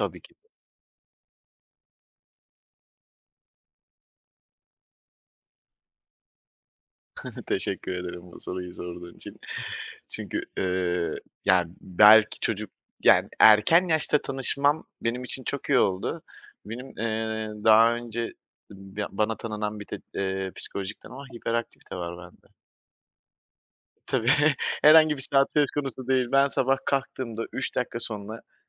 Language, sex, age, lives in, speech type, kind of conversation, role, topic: Turkish, male, 30-34, Poland, conversation, podcast, guest, Egzersizi günlük rutinin bir parçası haline getirmenin yolları nelerdir?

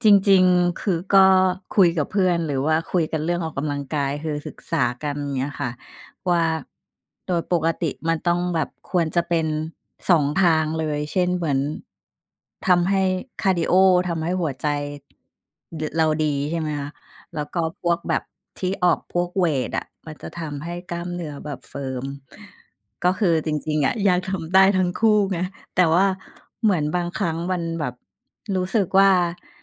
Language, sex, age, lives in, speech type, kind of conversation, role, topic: Thai, female, 45-49, Thailand, conversation, unstructured, none, คุณรักษาสมดุลในการออกกำลังกายในชีวิตประจำวันอย่างไร?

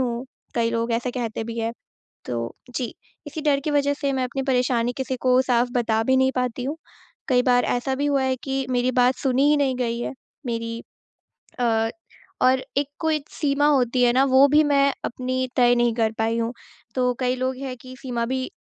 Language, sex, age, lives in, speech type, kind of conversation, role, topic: Hindi, female, 20-24, India, conversation, advice, user, मैं अपनी सीमाएँ कैसे तय करूँ और उन्हें दूसरों के सामने स्पष्ट रूप से कैसे लागू करूँ?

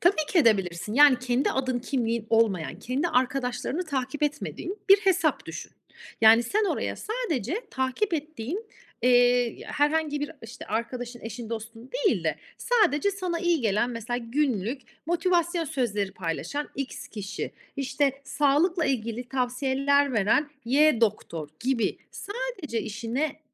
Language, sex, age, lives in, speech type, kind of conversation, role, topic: Turkish, female, 35-39, Italy, conversation, advice, advisor, Sosyal medyada kendini başkalarıyla kıyasladığında hayatın sana anlamsız geliyor mu?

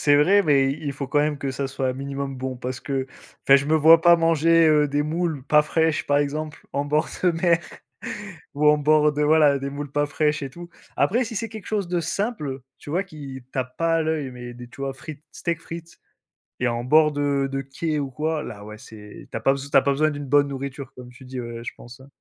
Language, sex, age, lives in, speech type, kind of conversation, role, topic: French, male, 25-29, France, conversation, unstructured, none, Qu’est-ce que tu aimes dans les repas partagés avec d’autres personnes ?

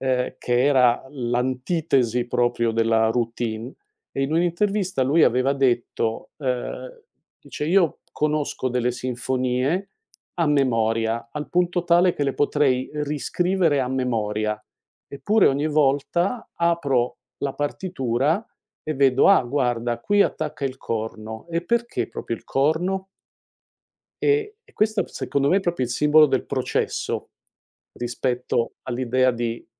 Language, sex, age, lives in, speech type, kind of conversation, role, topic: Italian, male, 60-64, United States, conversation, podcast, guest, Preferisci mostrare il processo o solo il risultato finale?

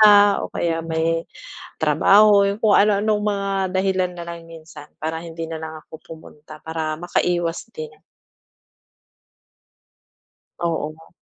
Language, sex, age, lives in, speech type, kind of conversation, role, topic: Filipino, female, 40-44, Philippines, conversation, advice, user, Paano ko haharapin ang presyur mula sa mga malalapit kong kaibigan?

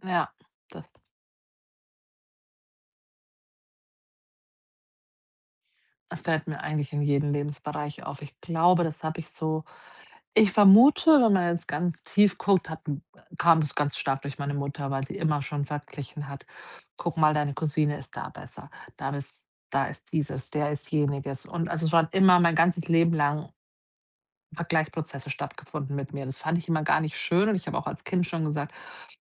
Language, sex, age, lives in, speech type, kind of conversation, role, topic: German, female, 40-44, Germany, conversation, advice, user, Wie kann ich aufhören, mich mit anderen zu vergleichen und meine Selbstakzeptanz stärken?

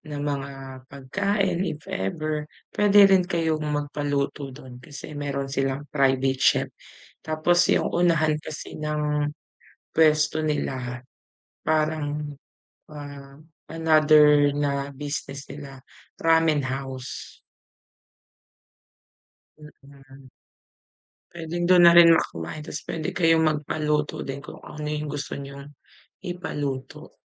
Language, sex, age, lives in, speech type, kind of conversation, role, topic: Filipino, female, 30-34, Philippines, conversation, unstructured, none, Ano ang mga sikreto mo sa paghahanap ng mga natatagong pook na pambihira sa isang bagong lugar?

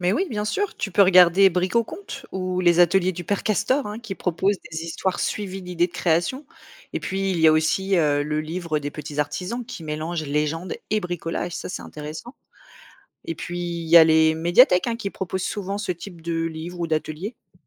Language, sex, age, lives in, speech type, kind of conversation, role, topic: French, female, 35-39, France, read, expert-assistant, expert, Comment peut-on apprendre à fabriquer un objet traditionnel comme une marionnette ou un panier en osier ?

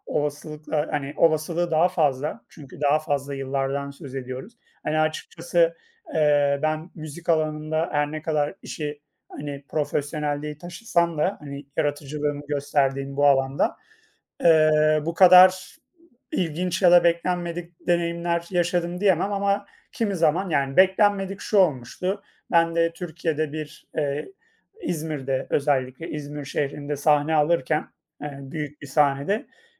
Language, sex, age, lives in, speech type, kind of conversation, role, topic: Turkish, male, 30-34, Poland, conversation, unstructured, none, Yaratıcılığınızı hangi hobilerle ortaya çıkarıyorsunuz?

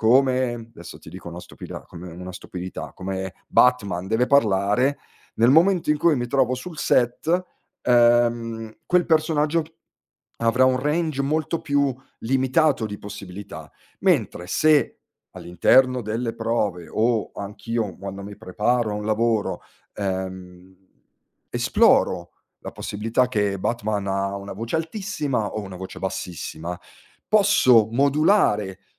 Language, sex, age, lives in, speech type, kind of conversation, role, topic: Italian, male, 40-44, Italy, conversation, podcast, guest, Qual è il ruolo dell’errore nei tuoi esperimenti creativi?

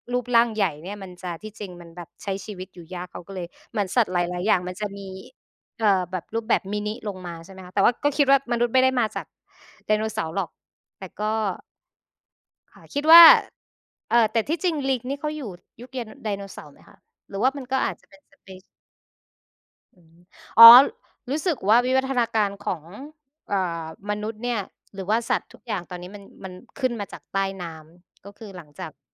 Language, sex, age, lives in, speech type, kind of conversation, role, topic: Thai, female, 25-29, Thailand, conversation, unstructured, none, ถ้าคุณย้อนเวลาได้ คุณอยากไปเห็นเหตุการณ์ใดในประวัติศาสตร์มากที่สุด?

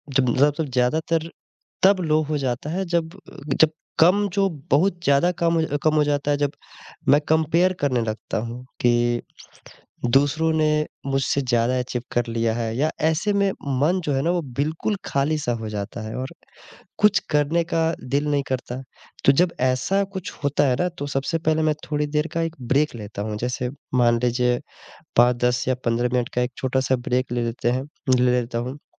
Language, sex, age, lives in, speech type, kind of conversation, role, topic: Hindi, male, 25-29, India, conversation, podcast, guest, Kab tumhari motivation kam ho jaati hai, aur us waqt tum kya karte ho?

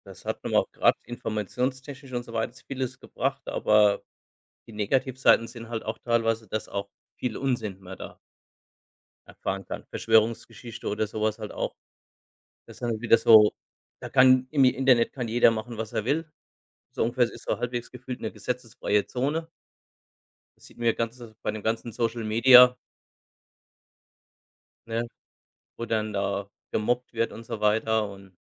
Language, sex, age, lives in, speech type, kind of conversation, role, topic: German, male, 45-49, Germany, conversation, unstructured, none, Was findest du an neuen Erfindungen am spannendsten?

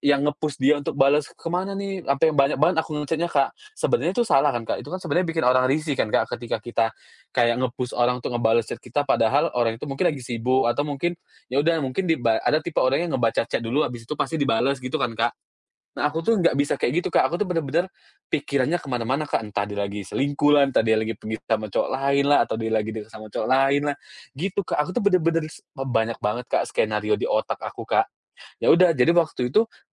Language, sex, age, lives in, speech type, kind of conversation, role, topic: Indonesian, male, 30-34, Indonesia, conversation, podcast, guest, Apa tips sederhana untuk mengatasi overthinking yang sering muncul?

